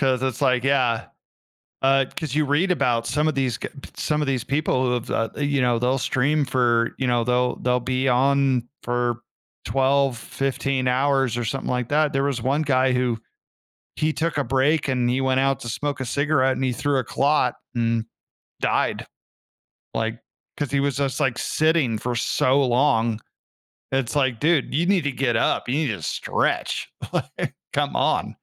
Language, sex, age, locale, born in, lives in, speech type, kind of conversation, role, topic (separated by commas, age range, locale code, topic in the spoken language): English, male, 40-44, en-US, United States, United States, conversation, podcast, guest, In what ways has technology reshaped our daily lives and interactions?